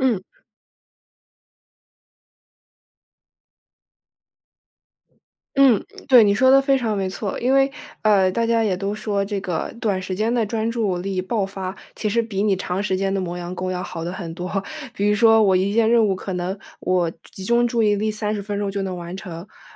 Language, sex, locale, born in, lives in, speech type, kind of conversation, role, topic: Chinese, female, zh-CN, United States, United States, conversation, advice, advisor, 学习时如何应对注意力中断和分心？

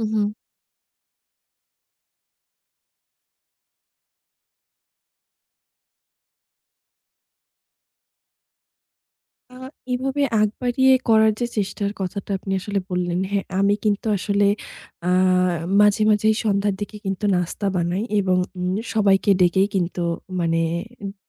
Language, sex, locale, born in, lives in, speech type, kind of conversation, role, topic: Bengali, female, bn-BD, Bangladesh, Bangladesh, conversation, advice, user, স্বামী/স্ত্রীর পরিবারের সঙ্গে আপনার ঠিকমতো মিলতে না পারার সমস্যাটি কীভাবে প্রকাশ পায়?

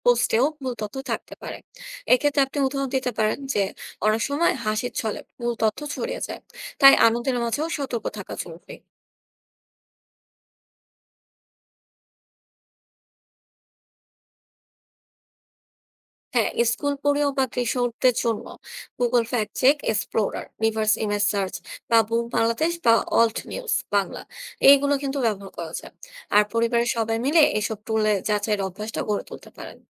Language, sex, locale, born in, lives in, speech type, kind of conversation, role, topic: Bengali, female, bn-BD, Bangladesh, Bangladesh, read, expert-assistant, expert, আজকের ডিজিটাল যুগে মিডিয়া-র তথ্য যাচাই করার দক্ষতা কীভাবে গড়ে তুলতে পারি?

